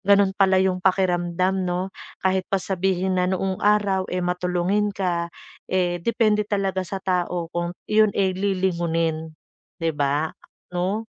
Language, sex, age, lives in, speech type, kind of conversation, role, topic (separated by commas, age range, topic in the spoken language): Filipino, female, 40-44, Philippines, conversation, advice, user, Paano ako hihingi ng tulong kapag paulit-ulit akong nasasaktan at dumaranas ng matinding emosyonal na paghihirap?